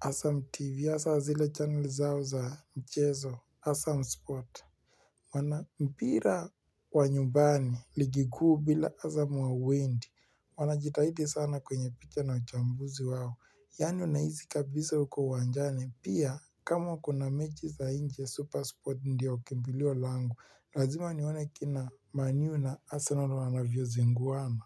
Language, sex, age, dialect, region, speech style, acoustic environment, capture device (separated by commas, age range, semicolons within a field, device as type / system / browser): Swahili, male, 18-24, Kenya - Nairobi Sheng-influenced, Kenya, relaxed, silence, mobile / Linux / Chrome